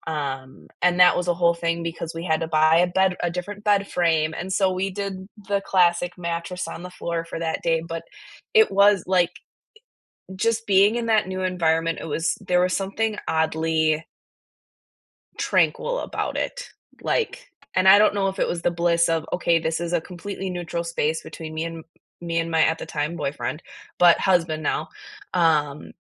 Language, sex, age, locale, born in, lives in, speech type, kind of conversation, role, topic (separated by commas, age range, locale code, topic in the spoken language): English, female, 25-29, en-US, United States, United States, conversation, unstructured, none, When was the last time you felt truly rested, and why?